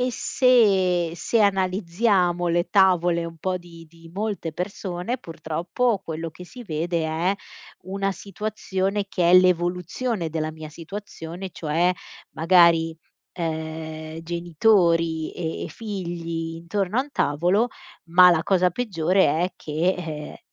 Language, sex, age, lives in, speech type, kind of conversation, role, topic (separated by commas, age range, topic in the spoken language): Italian, female, 35-39, Italy, conversation, podcast, guest, Che ruolo dovrebbe avere il telefono durante i pasti in famiglia?